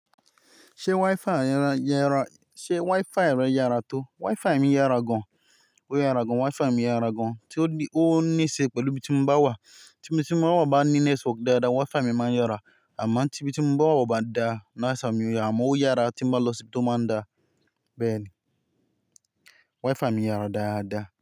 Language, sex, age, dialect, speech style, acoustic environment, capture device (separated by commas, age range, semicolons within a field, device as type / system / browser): Yoruba, male, 25-34, Nigeria - Ibadan, happy; excited; relaxed, nature, mobile / Linux / Chrome